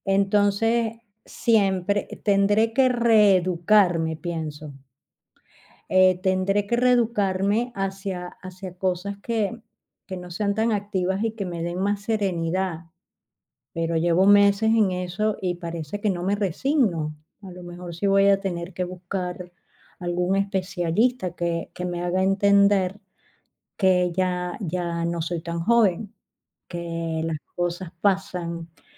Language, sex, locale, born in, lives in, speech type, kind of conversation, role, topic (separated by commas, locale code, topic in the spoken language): Spanish, female, es-VE, Spain, Spain, conversation, advice, user, ¿Desde que te jubilaste o te retiraste, te has sentido sin rumbo?